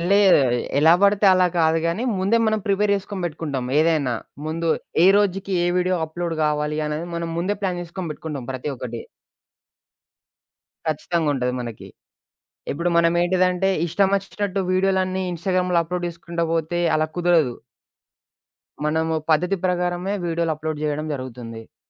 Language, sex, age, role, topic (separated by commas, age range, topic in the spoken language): Telugu, male, 20-24, guest, కొత్త నైపుణ్యాన్ని నేర్చుకోవడం ప్రారంభించడానికి మొదటి అడుగు ఏది?